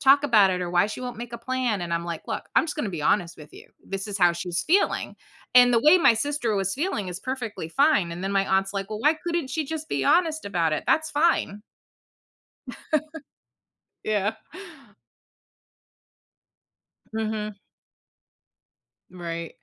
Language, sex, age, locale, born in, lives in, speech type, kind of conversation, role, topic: English, female, 45-49, en-US, United States, United States, conversation, podcast, host, How do families build strong and lasting relationships over time?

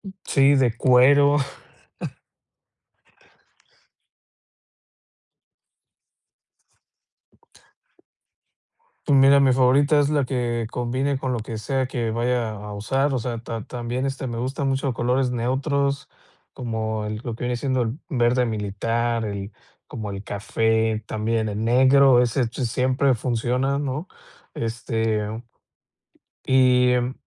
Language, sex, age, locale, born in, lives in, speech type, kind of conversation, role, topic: Spanish, male, 35-39, es-MX, Mexico, Mexico, conversation, podcast, guest, ¿Qué prenda nunca falta en tu armario y por qué?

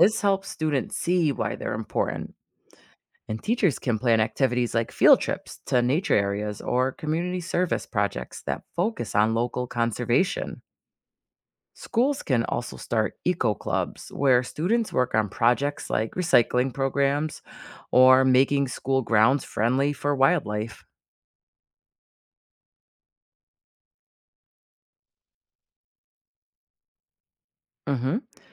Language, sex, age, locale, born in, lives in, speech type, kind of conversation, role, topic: English, female, 40-44, en-US, United States, United States, read, expert-assistant, expert, How do ecosystems function and why are they important?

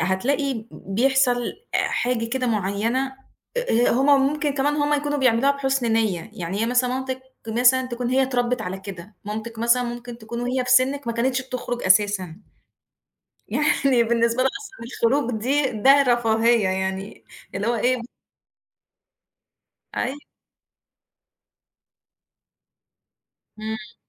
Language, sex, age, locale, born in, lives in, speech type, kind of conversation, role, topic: Arabic, female, 35-39, ar-EG, Egypt, Egypt, conversation, advice, advisor, إزاي أتعامل مع النقد المتكرر من حد من العيلة؟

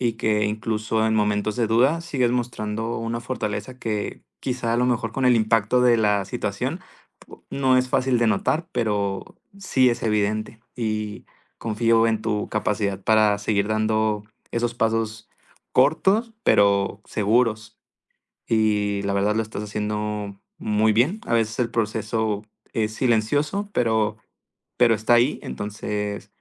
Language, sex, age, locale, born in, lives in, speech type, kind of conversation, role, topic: Spanish, male, 20-24, es-MX, Mexico, Mexico, conversation, advice, advisor, ¿Cómo puedo mantener una amistad con mi ex mientras intento sanar?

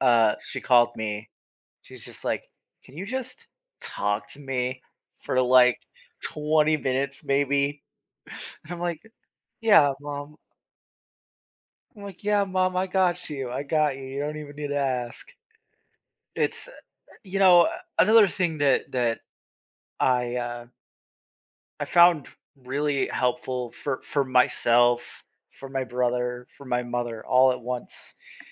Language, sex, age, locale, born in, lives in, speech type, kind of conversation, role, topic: English, male, 25-29, en-US, United States, United States, conversation, podcast, guest, How can people find meaningful and healthy ways to cope with grief?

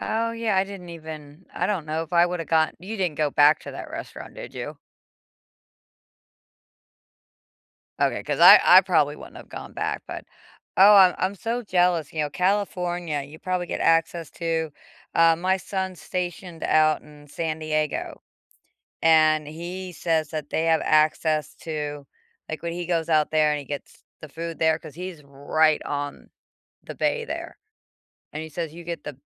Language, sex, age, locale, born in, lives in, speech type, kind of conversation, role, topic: English, female, 45-49, en-US, United States, United States, conversation, unstructured, none, What’s a food you once disliked but now love, and what changed your mind?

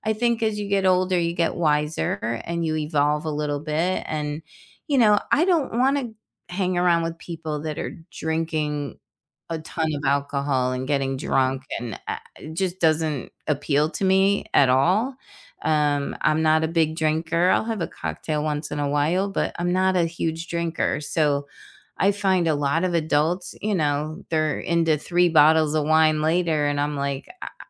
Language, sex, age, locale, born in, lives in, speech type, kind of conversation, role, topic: English, female, 60-64, en-US, United States, United States, conversation, unstructured, none, What are you trying to do more of lately, and how can others cheer you on?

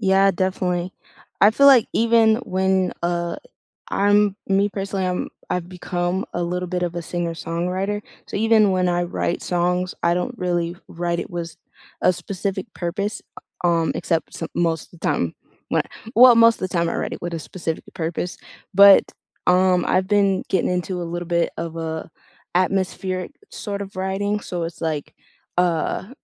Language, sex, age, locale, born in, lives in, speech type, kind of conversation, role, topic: English, female, 18-19, en-US, United States, United States, conversation, unstructured, none, What song have you had on repeat lately, and how does it make you feel?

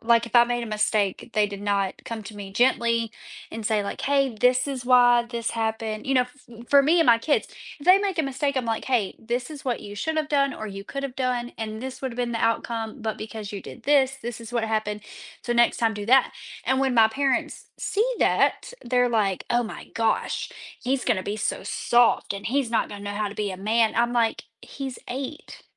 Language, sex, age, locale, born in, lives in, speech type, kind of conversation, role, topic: English, female, 25-29, en-US, United States, United States, conversation, podcast, host, Why is it important for students to view mistakes as opportunities for learning and growth?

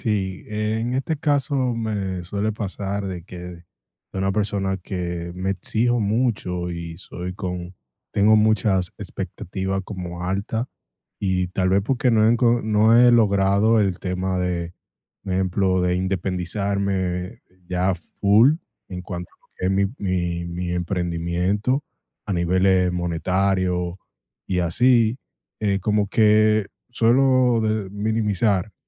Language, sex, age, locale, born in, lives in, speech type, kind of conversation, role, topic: Spanish, male, 25-29, es-DO, United States, United States, conversation, advice, user, ¿Cómo puedo aceptar cumplidos sin minimizar mis logros personales?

